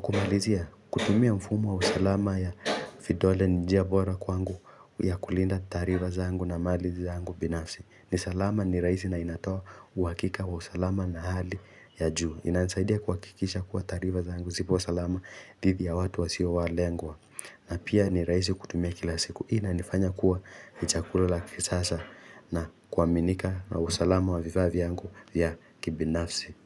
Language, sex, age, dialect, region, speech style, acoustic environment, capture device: Swahili, male, 18-24, Kenya - Nairobi Sheng-influenced, Kenya, focused, nature; silence, mobile / Linux / Chrome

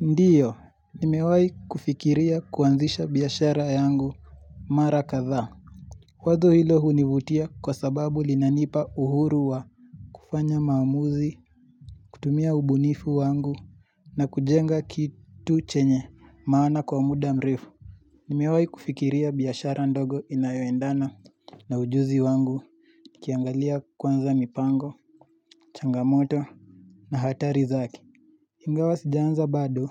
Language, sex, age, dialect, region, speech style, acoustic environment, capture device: Swahili, male, 18-24, Kenya - Nairobi Sheng-influenced, Kenya, happy, silence, mobile / Linux / Chrome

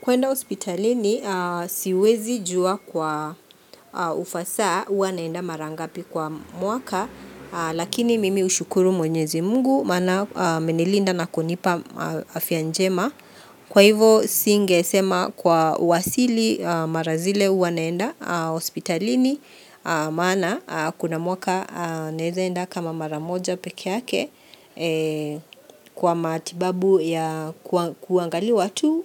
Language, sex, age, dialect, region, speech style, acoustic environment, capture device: Swahili, female, 45-54, Kenya - Nairobi Sheng-influenced, Kenya, happy; relaxed, people talking, mobile / Linux / Chrome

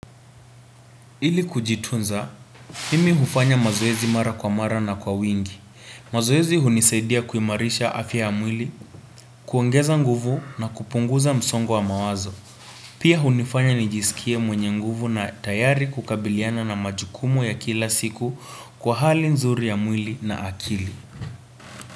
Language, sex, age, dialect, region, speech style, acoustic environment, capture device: Swahili, male, 18-24, Kenya - Nairobi Sheng-influenced, Kenya, relaxed; focused, nature; silence, desktop / Windows / Chrome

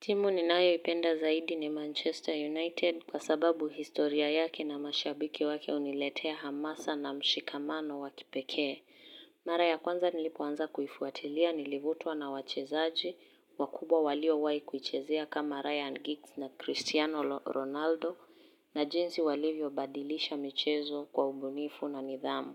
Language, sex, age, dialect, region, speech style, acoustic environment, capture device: Swahili, female, 18-24, Kenya - Nairobi Sheng-influenced, Kenya, happy; focused, silence, mobile / Linux / Chrome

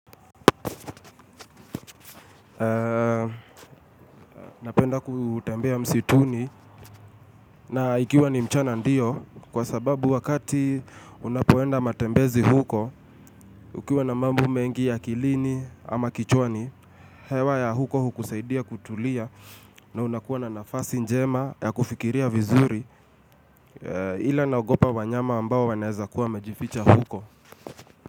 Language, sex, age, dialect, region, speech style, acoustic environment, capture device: Swahili, male, 25-34, Kenya - Nairobi Sheng-influenced, Kenya, relaxed, appliances, mobile / Linux / Chrome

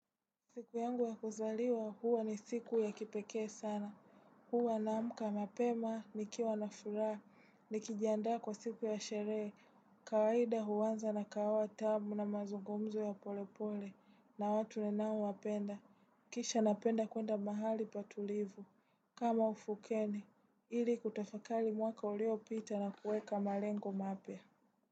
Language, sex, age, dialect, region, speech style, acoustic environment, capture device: Swahili, female, 18-24, Tanzania - Dar es Salaam, Tanzania, tired; relaxed, appliances, desktop / Windows / Chrome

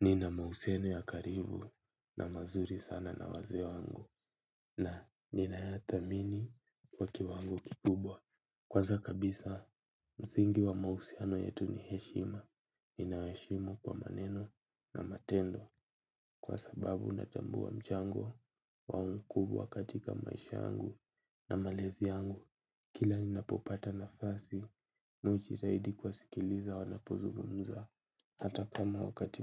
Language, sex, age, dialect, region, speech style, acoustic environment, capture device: Swahili, male, 18-24, Kenya - Nairobi Sheng-influenced, Kenya, tired, silence, mobile / Linux / Chrome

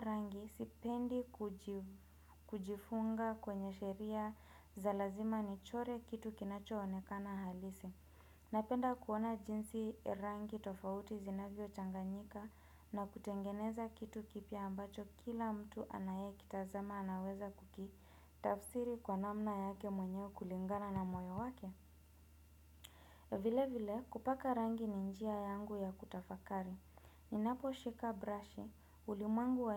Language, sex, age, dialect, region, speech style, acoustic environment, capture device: Swahili, female, 25-34, Kenya - Nairobi Sheng-influenced, Kenya, relaxed, nature; people talking; appliances, desktop / Windows / Chrome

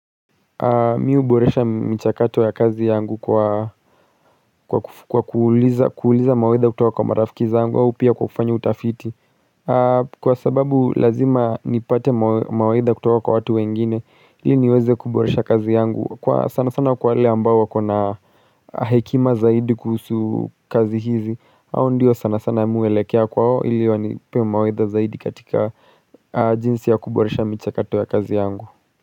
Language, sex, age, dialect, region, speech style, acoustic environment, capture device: Swahili, male, 35-44, Kenya - Nairobi Sheng-influenced, Kenya, relaxed, silence, mobile / Linux / Chrome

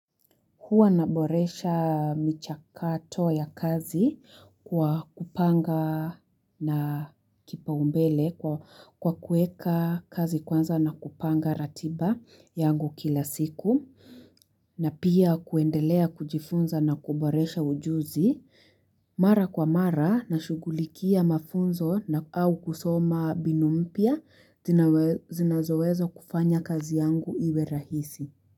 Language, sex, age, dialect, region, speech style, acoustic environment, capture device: Swahili, female, 18-24, Kenya - Nairobi Sheng-influenced, Kenya, happy; anxious; focused; relaxed, nature; construction, mobile / Linux / Chrome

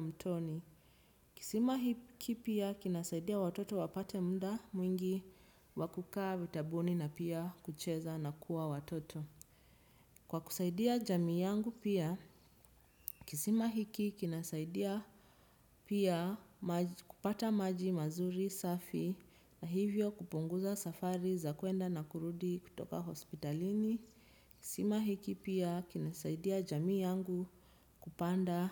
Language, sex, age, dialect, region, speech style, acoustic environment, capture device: Swahili, female, 25-34, Kenya - Nairobi Sheng-influenced, Kenya, relaxed, nature, desktop / Windows / Chrome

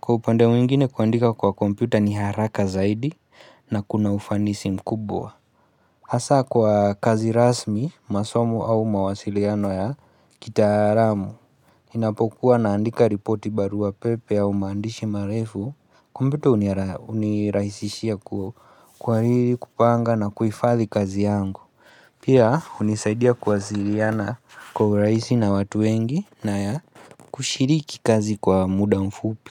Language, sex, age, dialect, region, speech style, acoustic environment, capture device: Swahili, male, 25-34, Kenya - Nairobi Sheng-influenced, Kenya, focused, silence, mobile / Linux / Chrome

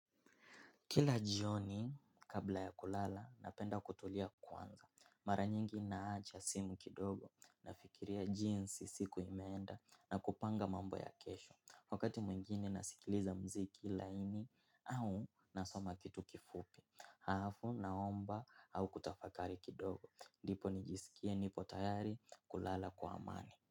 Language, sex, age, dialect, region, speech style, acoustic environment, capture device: Swahili, male, 18-24, Kenya - Mombasa, Kenya, focused; excited; relaxed; happy, silence, mobile / Linux / Chrome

